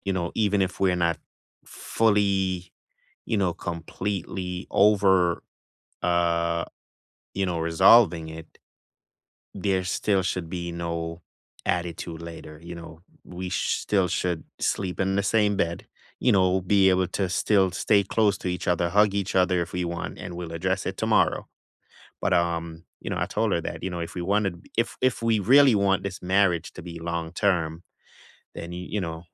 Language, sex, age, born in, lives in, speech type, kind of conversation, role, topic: English, male, 35-39, Jamaica, United States, conversation, unstructured, none, How do you usually handle disagreements with others?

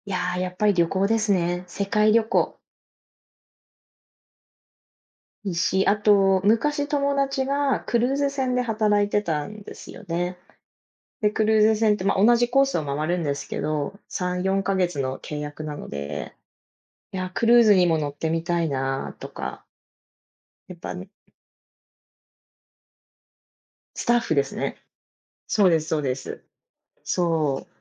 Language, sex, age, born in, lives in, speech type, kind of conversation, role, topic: Japanese, female, 30-34, Japan, United States, conversation, unstructured, none, 趣味を始めるとき、何を重視しますか？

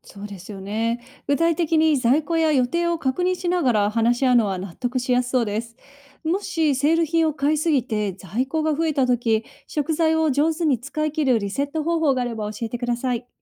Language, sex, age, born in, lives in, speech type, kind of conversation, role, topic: Japanese, female, 40-44, Japan, United States, read, expert-assistant, asker, セールや割引を見たとき、どんな視点で本当に得かどうか判断できますか？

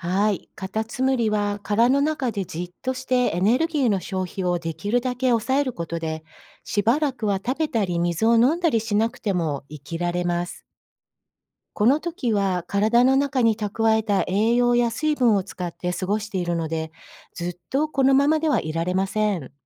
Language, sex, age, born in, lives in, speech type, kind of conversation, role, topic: Japanese, female, 55-59, Japan, United States, read, expert-assistant, expert, なぜカタツムリには殻があるのか説明してください。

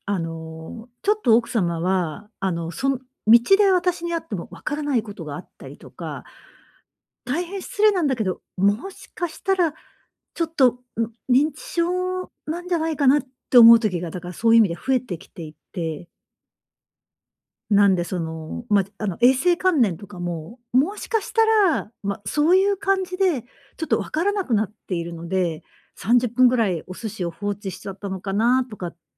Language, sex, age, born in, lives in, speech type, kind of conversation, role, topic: Japanese, female, 55-59, Japan, Japan, conversation, advice, user, エネルギーを奪う友人との関係を続けるべきか、どうすればいいですか？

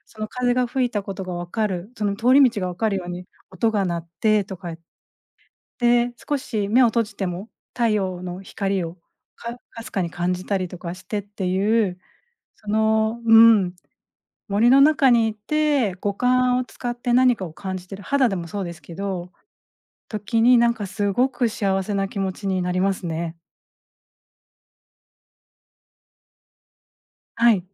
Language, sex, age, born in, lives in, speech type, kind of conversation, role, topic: Japanese, female, 40-44, Japan, Japan, conversation, podcast, guest, 森林浴をすると、どんな気分になりますか？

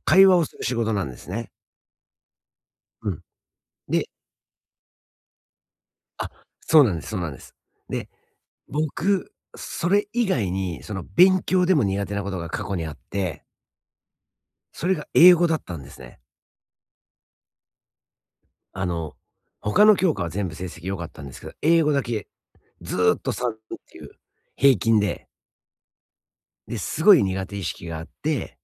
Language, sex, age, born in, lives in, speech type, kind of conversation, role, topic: Japanese, male, 45-49, Japan, United States, conversation, advice, user, この職場で成長できるかどうかを見極めるにはどうすればよいですか？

